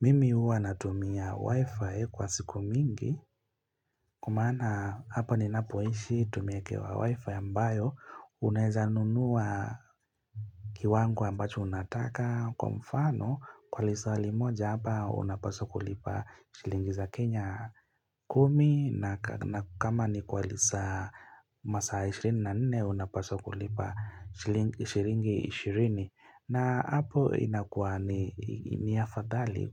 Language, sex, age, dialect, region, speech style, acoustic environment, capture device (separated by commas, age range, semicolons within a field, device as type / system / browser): Swahili, male, 25-34, Kenya - Mombasa, Kenya, happy; relaxed; focused; excited, nature; people talking, mobile / Linux / Chrome